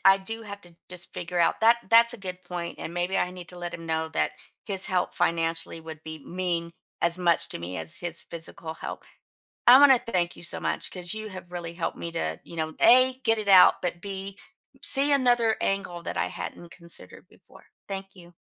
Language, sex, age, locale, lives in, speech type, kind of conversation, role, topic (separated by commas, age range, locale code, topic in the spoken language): English, female, 55-59, en-US, United States, conversation, advice, user, How can I improve communication with my partner?